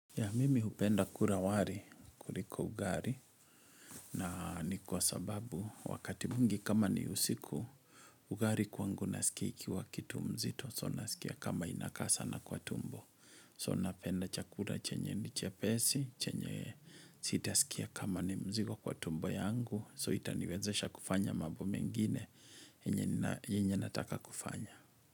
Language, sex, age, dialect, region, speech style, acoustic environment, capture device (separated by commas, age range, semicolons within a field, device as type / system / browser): Swahili, male, 35-44, Kenya - Kiambu, Kenya, nervous, nature, mobile / Linux / Chrome